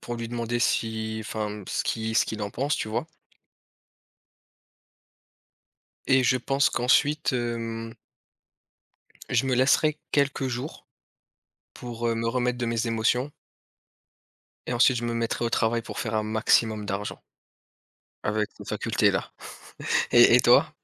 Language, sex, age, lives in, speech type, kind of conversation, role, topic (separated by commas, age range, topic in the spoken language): French, male, 30-34, France, conversation, unstructured, none, Comment réagiriez-vous si vous vous réveilliez un matin avec la capacité de parler toutes les langues du monde ?